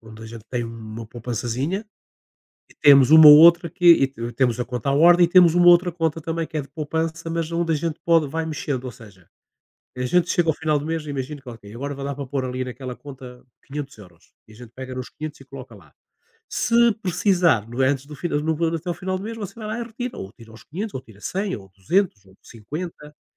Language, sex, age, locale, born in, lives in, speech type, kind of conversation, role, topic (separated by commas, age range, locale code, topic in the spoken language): Portuguese, male, 60-64, pt-PT, Portugal, Portugal, conversation, unstructured, none, Qual foi a maior surpresa que você já teve ao olhar seu extrato bancário?